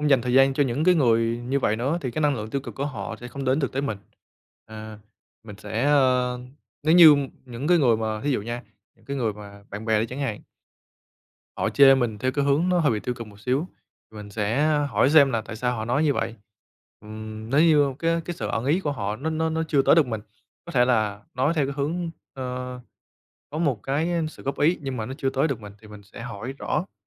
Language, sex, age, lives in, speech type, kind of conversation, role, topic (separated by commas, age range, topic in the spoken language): Vietnamese, male, 25-29, Vietnam, conversation, podcast, guest, Bạn đối mặt với lời chê như thế nào khi đổi phong cách?